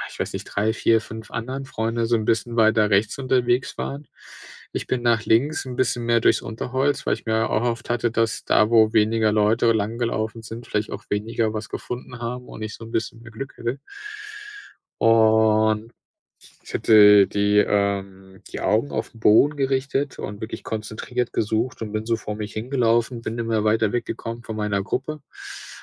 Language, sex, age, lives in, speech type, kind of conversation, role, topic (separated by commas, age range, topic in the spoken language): German, male, 30-34, Germany, conversation, podcast, guest, Welche überraschende Begegnung hast du auf Reisen erlebt?